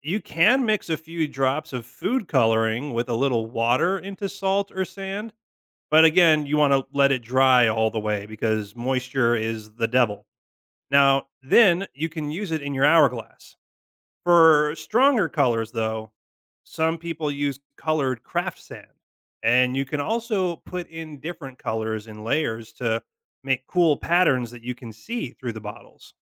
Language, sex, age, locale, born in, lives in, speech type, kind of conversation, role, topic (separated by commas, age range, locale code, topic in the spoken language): English, male, 35-39, en-US, United States, United States, read, expert-assistant, expert, How can you make an hourglass with plastic bottles?